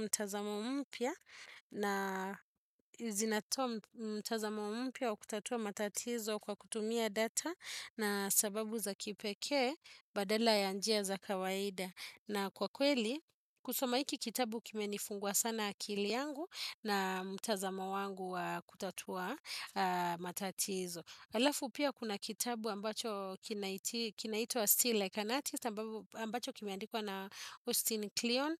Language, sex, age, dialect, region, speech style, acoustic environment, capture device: Swahili, female, 35-44, Kenya - Nairobi Sheng-influenced, Kenya, relaxed, silence, desktop / Windows / Chrome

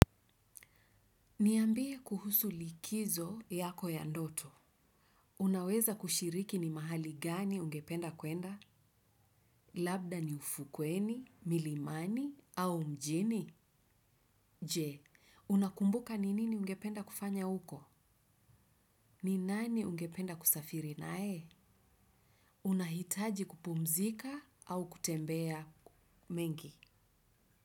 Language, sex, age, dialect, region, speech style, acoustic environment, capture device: Swahili, female, 35-44, Kenya - Nairobi Sheng-influenced, Kenya, happy, nature; silence; traffic, desktop / Windows / Chrome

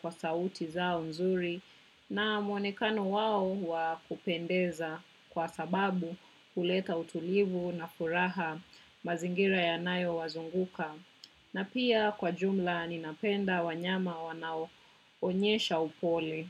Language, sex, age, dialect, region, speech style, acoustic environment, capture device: Swahili, female, 25-34, Kenya - Nairobi Sheng-influenced, Kenya, relaxed, silence, desktop / macOS / Chrome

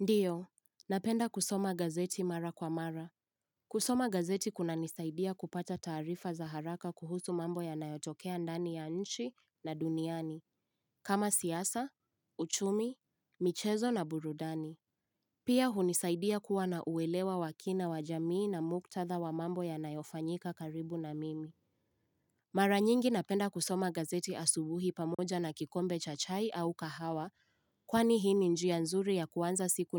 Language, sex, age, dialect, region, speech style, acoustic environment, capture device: Swahili, female, 18-24, Kenya - Nairobi Sheng-influenced, Kenya, happy; focused; relaxed, silence, mobile / Linux / Chrome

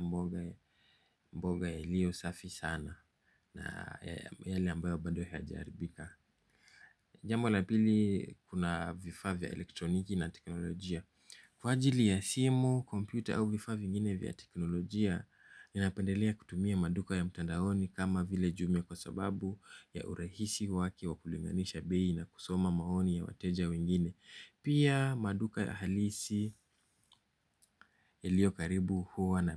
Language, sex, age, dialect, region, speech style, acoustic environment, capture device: Swahili, male, 25-34, Kenya - Nairobi Sheng-influenced, Kenya, relaxed; focused, silence, mobile / Linux / Chrome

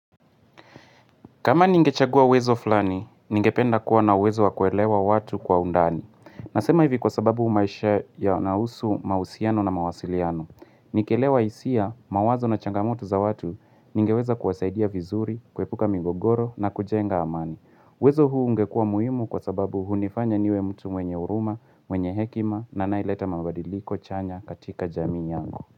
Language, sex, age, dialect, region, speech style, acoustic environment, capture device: Swahili, male, 18-24, Kenya - Nairobi Sheng-influenced, Kenya, happy; relaxed, nature, mobile / Linux / Chrome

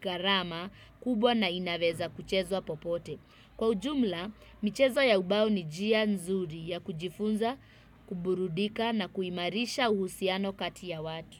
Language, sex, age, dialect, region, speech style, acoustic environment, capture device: Swahili, female, 25-34, Kenya - Nairobi Sheng-influenced, Kenya, happy, people talking, desktop / Windows / Chrome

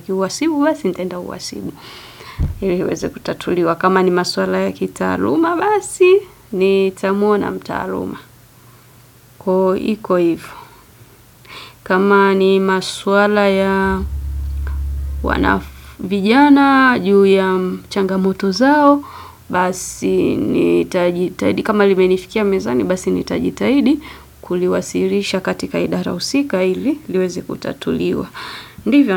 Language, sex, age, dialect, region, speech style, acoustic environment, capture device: Swahili, female, 25-34, Tanzania - Dar es Salaam, Tanzania, focused, silence; music, desktop / Windows / Edge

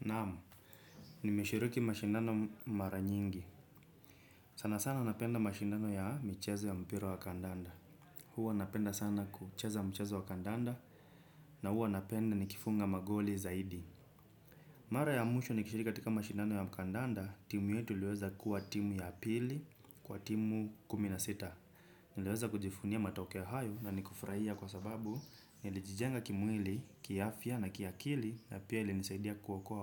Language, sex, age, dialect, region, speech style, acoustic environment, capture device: Swahili, male, 25-34, Kenya - Nairobi Sheng-influenced, Kenya, relaxed, silence, desktop / Windows / Chrome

